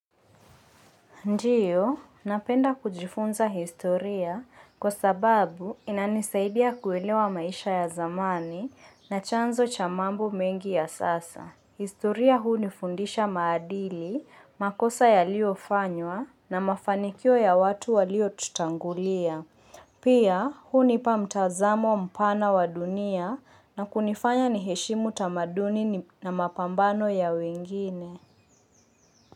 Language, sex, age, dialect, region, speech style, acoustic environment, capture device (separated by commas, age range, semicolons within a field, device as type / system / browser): Swahili, female, 25-34, Kenya - Mombasa, Kenya, focused, silence, mobile / Linux / Chrome